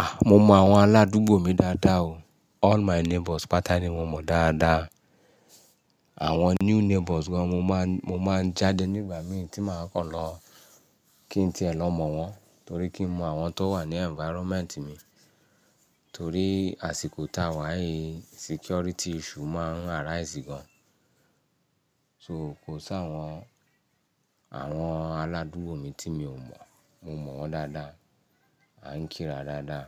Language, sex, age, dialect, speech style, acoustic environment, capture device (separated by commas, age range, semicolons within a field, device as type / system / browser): Yoruba, male, 35-44, Nigeria - Ibadan, relaxed; happy, nature, mobile / Linux / Chrome